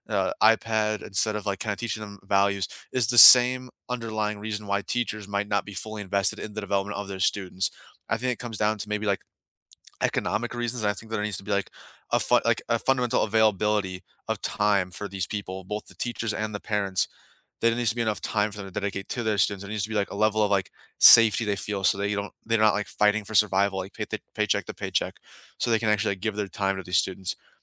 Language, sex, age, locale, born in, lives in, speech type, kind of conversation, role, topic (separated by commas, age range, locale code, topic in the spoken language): English, male, 20-24, en-US, United States, United States, conversation, unstructured, none, Who was the first teacher who truly inspired you, and how did that spark shape you?